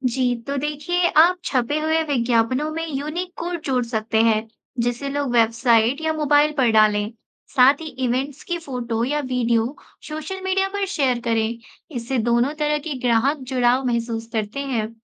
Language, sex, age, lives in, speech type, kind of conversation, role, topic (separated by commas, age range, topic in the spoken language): Hindi, female, 25-29, India, read, expert-assistant, expert, अगर हमें किसी नए प्रोडक्ट या सेवा का प्रचार करना हो, तो पुराने और नए प्रचार के तरीकों को मिलाकर कौन-से रचनात्मक विचार आ सकते हैं?